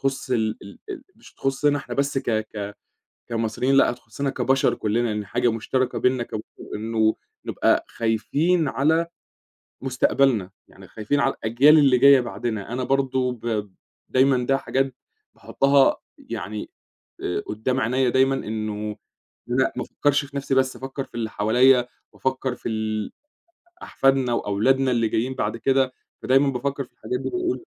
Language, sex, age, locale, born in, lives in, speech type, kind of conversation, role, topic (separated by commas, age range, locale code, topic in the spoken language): Arabic, male, 25-29, ar-EG, Egypt, Egypt, conversation, podcast, guest, إزاي نقدر نوفر الميّه في بيتنا بطرق عملية؟